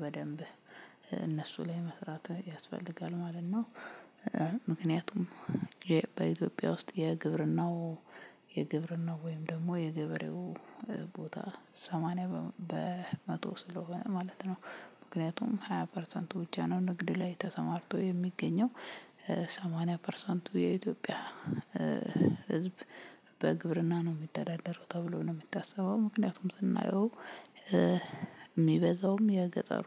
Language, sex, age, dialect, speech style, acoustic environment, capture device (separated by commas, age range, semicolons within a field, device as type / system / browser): Amharic, female, 25-34, Ethiopia - Addis Ababa, happy, silence, mobile / Linux / Chrome